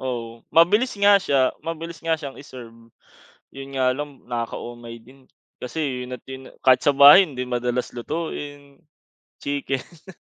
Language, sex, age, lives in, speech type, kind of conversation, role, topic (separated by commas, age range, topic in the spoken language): Filipino, male, 25-29, Philippines, conversation, unstructured, none, Ano ang opinyon mo sa pagkain sa labas kumpara sa pagkain sa bahay?